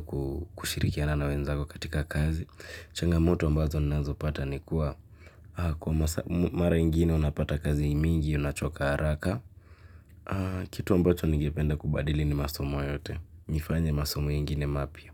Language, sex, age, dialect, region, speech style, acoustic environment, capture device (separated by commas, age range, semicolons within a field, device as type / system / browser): Swahili, male, 18-24, Kenya - Nairobi Sheng-influenced, Kenya, happy; excited, nature; silence, mobile / Linux / Chrome